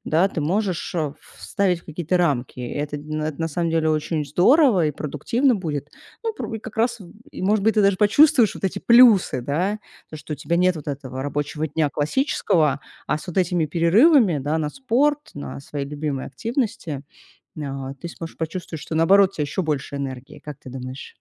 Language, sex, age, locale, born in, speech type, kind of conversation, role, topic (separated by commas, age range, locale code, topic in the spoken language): Russian, female, 35-39, ru-RU, Russia, conversation, advice, advisor, Как резкая смена графика и потеря привычного распорядка жизни повлияли на вас?